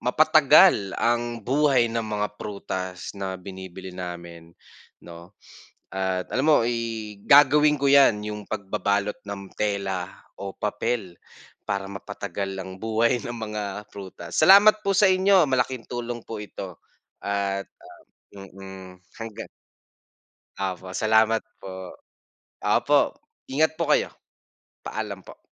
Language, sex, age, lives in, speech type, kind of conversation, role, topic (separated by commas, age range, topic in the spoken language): Filipino, male, 25-29, Philippines, read, expert-assistant, asker, Bakit may mga prutas na mabilis mabulok?